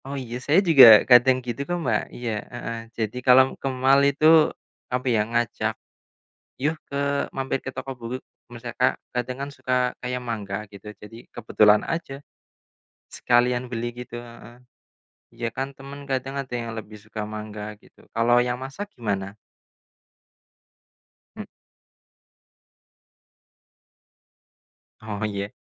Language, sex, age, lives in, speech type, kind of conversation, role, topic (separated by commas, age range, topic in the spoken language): Indonesian, male, 25-29, Indonesia, conversation, unstructured, none, Bagaimana cara kamu meyakinkan teman untuk mencoba hobi baru?